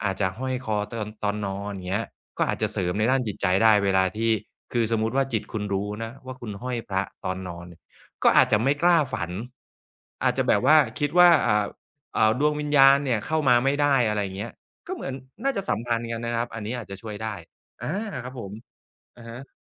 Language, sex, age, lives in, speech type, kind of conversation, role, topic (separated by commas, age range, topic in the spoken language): Thai, male, 35-39, Thailand, conversation, advice, advisor, ฝันร้ายบ่อยจนกลัวที่จะเข้านอนอีกครั้ง ควรทำอย่างไรดี?